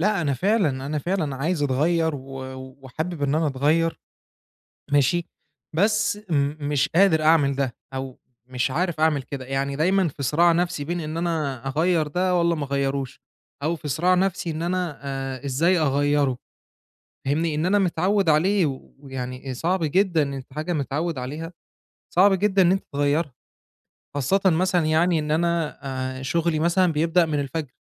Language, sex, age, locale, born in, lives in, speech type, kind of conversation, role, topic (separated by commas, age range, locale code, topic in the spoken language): Arabic, male, 25-29, ar-EG, Egypt, Egypt, conversation, advice, user, إزاي أواجه صراع العادة لما أبقى عايز أغيّر سلوك سلبي في حياتي؟